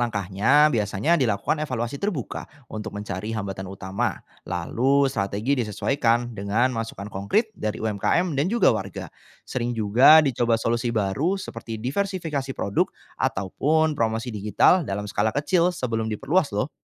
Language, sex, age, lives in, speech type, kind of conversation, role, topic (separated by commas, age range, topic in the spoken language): Indonesian, male, 25-29, Indonesia, read, expert-assistant, expert, Kalau ingin meningkatkan ekonomi daerah, mana yang lebih penting didahulukan: membangun infrastruktur baru atau mengembangkan potensi lokal?